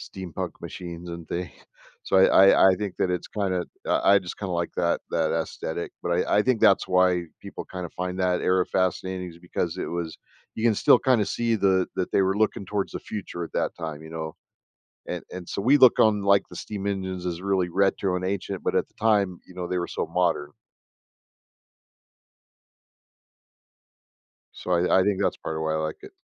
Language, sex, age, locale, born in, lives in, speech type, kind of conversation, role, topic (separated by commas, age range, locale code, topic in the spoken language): English, male, 50-54, en-US, United States, United States, conversation, podcast, guest, Why do certain moments in history capture our imagination more than others?